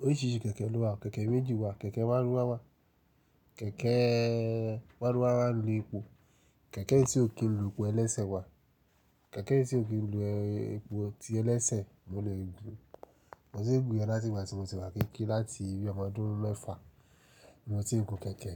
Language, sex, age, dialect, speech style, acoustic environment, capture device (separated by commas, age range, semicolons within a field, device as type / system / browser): Yoruba, male, 35-44, Nigeria - Nigerian Standard, relaxed, nature, mobile / Linux / Chrome